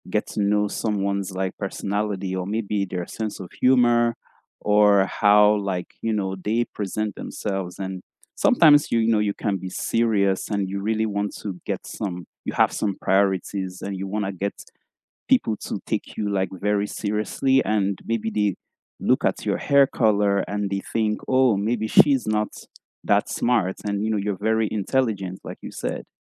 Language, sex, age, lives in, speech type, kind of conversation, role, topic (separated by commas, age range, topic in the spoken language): English, male, 25-29, Canada, conversation, unstructured, none, What do you wish people noticed first about you, and how could you help them notice it sooner?